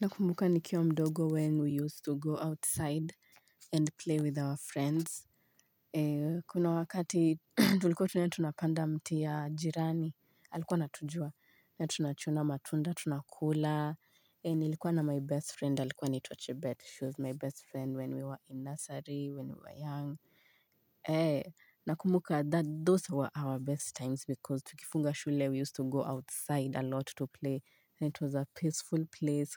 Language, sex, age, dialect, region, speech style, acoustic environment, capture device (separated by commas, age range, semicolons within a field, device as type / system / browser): Swahili, female, 25-34, Kenya - Nairobi Sheng-influenced, Kenya, happy, silence, mobile / Linux / Chrome